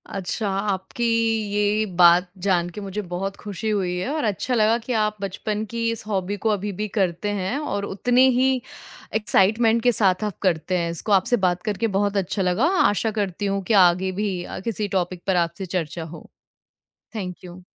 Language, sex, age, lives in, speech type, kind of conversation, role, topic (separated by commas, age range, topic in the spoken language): Hindi, female, 25-29, India, conversation, podcast, host, Bachpan ki kaunsi hobby tum aaj bhi karte ho?